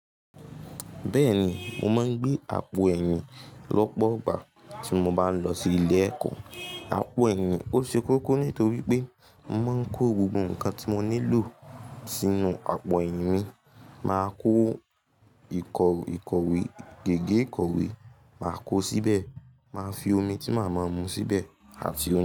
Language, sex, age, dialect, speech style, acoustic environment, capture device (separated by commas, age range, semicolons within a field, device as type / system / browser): Yoruba, male, 18-24, Nigeria - Ibadan, focused, silence, mobile / Linux / Chrome